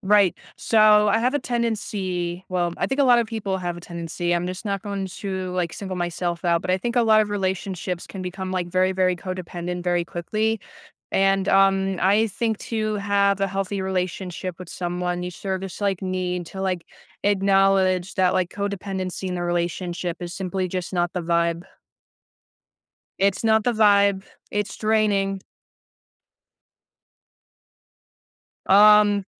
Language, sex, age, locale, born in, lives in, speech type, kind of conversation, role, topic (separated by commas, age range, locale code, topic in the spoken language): English, other, 18-19, en-US, United States, United States, conversation, podcast, guest, How do you recognize and build strong, supportive relationships in your life?